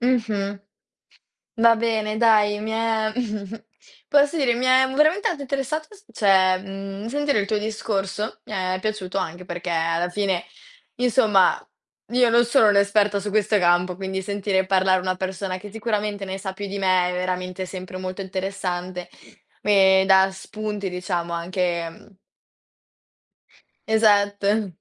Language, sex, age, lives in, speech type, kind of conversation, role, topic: Italian, female, 18-19, Italy, conversation, podcast, host, Mi racconti un’esperienza nella natura che ricordi ancora?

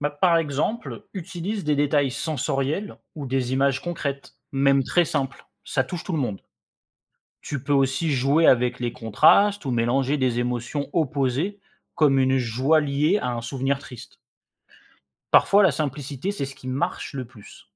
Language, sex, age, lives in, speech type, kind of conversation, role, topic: French, male, 25-29, France, read, expert-assistant, expert, Comment peut-on commencer à écrire de la poésie, même si on n’a jamais essayé ?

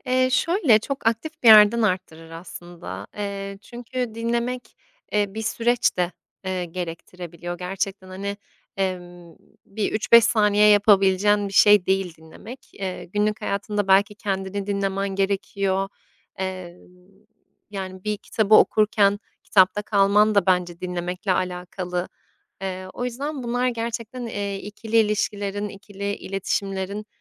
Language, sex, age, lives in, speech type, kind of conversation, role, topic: Turkish, female, 25-29, Italy, conversation, podcast, guest, Dinlemek güveni nasıl artırır?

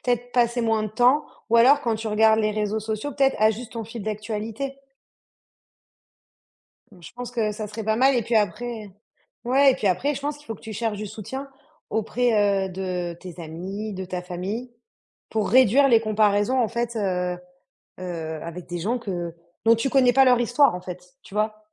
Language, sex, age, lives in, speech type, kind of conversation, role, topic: French, female, 30-34, France, conversation, advice, advisor, Comment vous comparez-vous aux autres sur les réseaux sociaux ?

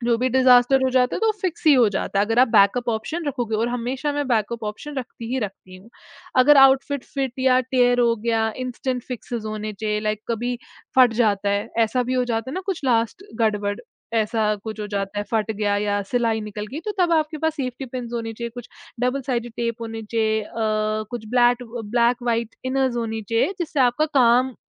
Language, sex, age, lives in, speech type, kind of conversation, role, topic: Hindi, female, 20-24, India, conversation, podcast, guest, Festivals ke liye outfit choose karne ka tumhara process kya hota hai?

